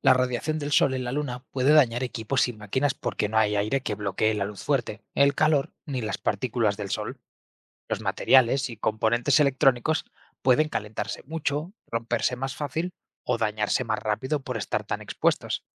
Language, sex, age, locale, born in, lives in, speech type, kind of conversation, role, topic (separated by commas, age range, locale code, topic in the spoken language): Spanish, male, 25-29, es-ES, Spain, Spain, read, expert-assistant, expert, ¿Por qué el cielo se ve azul durante el día?